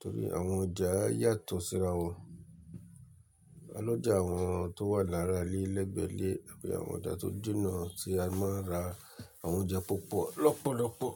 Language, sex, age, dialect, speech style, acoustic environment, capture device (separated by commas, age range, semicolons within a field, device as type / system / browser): Yoruba, male, 35-44, Nigeria - Lagos Yoruba, relaxed, nature, mobile / Linux / Chrome